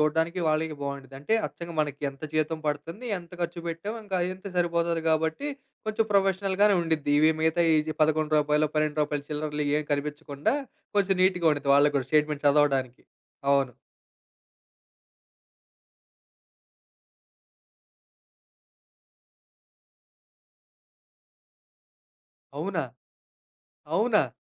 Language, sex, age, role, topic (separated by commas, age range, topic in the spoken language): Telugu, male, 25-29, host, మీరు మీ వ్యక్తిగత ఖాతాలు, వృత్తిపర ఖాతాలను ఎలా వేరు చేసుకుంటారు?